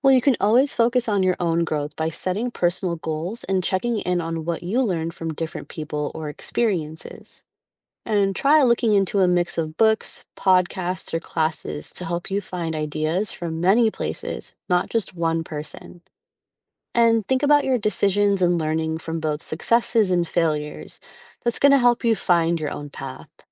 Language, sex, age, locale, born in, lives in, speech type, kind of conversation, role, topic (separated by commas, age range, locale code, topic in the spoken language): English, female, 35-39, en-US, United States, United States, read, expert-assistant, expert, How do role models influence personal development?